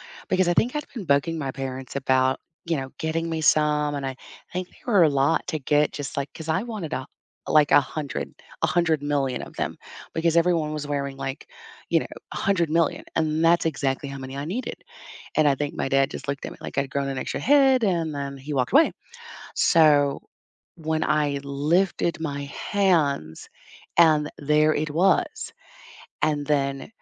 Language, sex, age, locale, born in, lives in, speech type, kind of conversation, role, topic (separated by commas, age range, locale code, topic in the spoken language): English, female, 45-49, en-US, United States, United States, conversation, unstructured, none, Which childhood memory still makes you smile today, and what makes it so special to you?